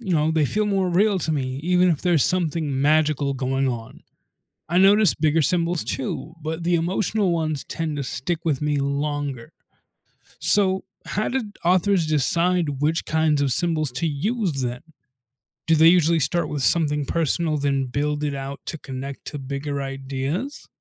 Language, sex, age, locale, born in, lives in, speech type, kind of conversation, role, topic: English, male, 20-24, en-US, United States, United States, read, expert-assistant, asker, How does magical realism differ in Latin American and African literature?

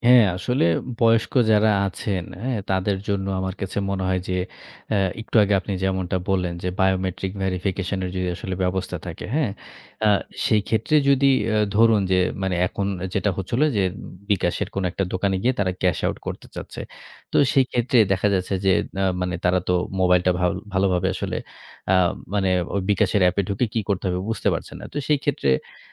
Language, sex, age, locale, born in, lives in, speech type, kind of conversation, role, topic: Bengali, male, 30-34, bn-BD, Bangladesh, Bangladesh, conversation, podcast, guest, সবকিছু অনলাইনে হলে আমি কীভাবে আমার গোপনীয়তা রক্ষা করব?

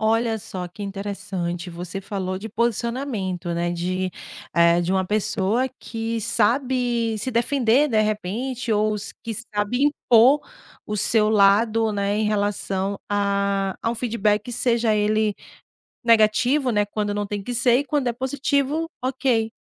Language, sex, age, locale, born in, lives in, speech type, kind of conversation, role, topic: Portuguese, female, 35-39, pt-BR, Brazil, Portugal, conversation, podcast, host, Como você aprendeu a pedir feedback sem medo?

